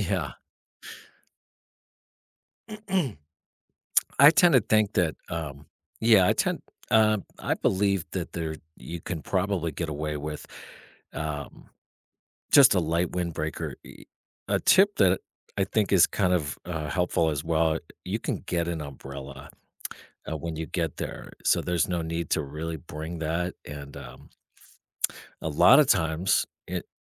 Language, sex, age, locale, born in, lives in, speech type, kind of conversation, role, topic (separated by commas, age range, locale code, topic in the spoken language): English, male, 45-49, en-US, United States, United States, conversation, advice, advisor, How do I pack light for a week abroad with limited luggage and changing weather?